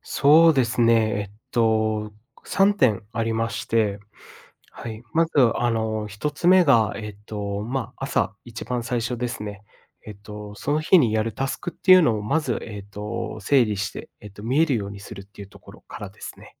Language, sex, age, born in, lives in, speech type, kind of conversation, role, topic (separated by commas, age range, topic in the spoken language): Japanese, male, 25-29, Japan, Japan, conversation, podcast, guest, 在宅勤務で集中するにはどうすればよいですか？